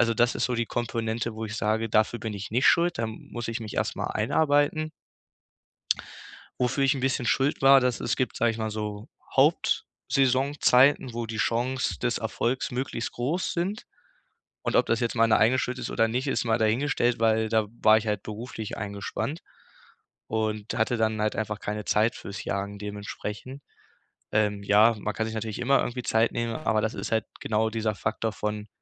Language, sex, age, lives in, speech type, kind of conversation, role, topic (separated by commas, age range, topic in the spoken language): German, male, 18-19, Germany, conversation, advice, user, Wie bleibe ich bei meinem Hobby dran, wenn es frustrierend ist?